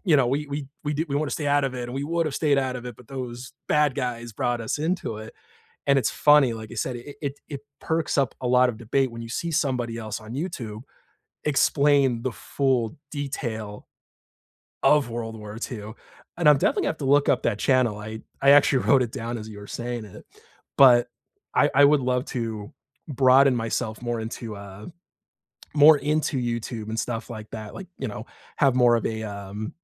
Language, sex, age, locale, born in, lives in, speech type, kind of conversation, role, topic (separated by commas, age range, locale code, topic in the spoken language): English, male, 30-34, en-US, United States, United States, conversation, unstructured, none, How do you use podcasts, YouTube, and everyday curiosity to learn outside school?